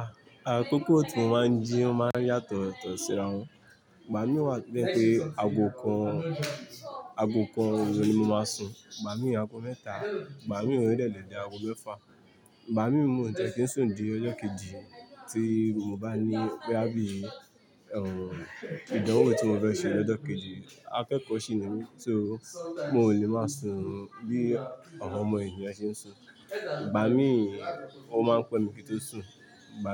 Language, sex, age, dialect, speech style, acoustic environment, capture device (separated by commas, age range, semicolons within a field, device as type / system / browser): Yoruba, male, 25-34, Nigeria - Lagos Yoruba, relaxed, people talking, mobile / Linux / Chrome